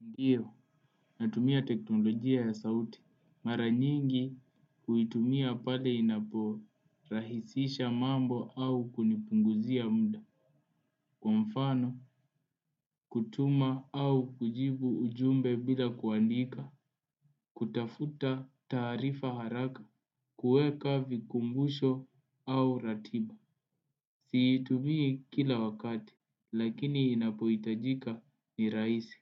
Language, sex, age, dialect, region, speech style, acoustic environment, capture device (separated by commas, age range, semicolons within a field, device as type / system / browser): Swahili, male, 18-24, Kenya - Nairobi Sheng-influenced, Kenya, focused, appliances, desktop / Windows / Edge